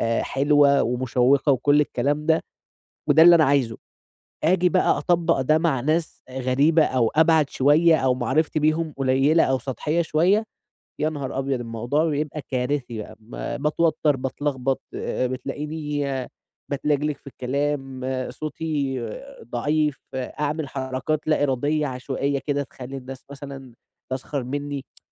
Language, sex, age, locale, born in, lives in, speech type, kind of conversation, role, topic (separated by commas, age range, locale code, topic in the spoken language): Arabic, male, 20-24, ar-EG, Egypt, Egypt, conversation, advice, user, إزاي أبني حضور قوي من غير ما أخاف من حكم الناس؟